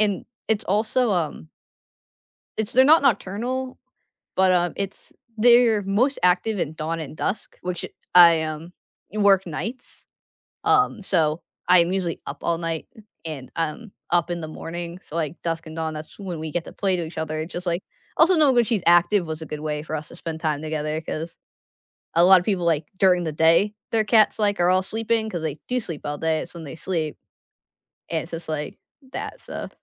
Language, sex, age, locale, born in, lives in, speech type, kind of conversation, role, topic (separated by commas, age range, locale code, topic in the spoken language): English, female, 20-24, en-US, United States, United States, conversation, unstructured, none, What are some fun activities to do with your pet?